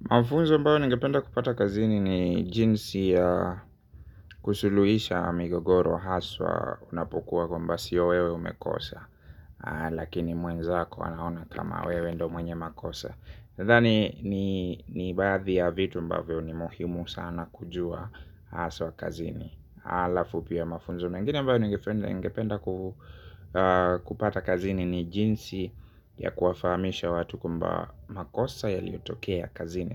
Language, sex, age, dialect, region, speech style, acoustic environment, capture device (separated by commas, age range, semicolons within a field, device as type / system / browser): Swahili, male, 25-34, Kenya - Nairobi Sheng-influenced, Kenya, happy; relaxed; focused; excited, silence; music; nature, mobile / Linux / Chrome